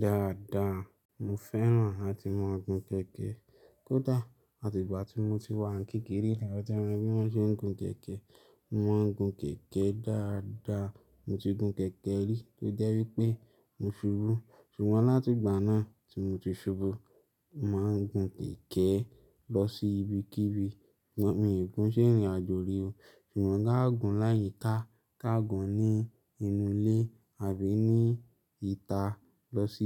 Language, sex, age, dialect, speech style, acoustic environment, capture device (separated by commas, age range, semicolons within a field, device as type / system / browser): Yoruba, male, 25-34, Nigeria - Lagos Yoruba, relaxed; happy, silence, mobile / Linux / Chrome